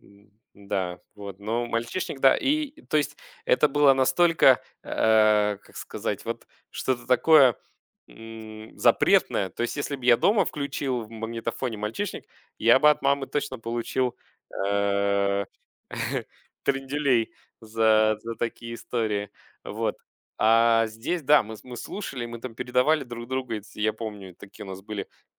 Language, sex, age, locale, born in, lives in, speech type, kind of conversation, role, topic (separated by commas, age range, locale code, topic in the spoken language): Russian, male, 35-39, ru-UA, Russia, Germany, conversation, podcast, guest, Какая песня ассоциируется у тебя с первой любовью?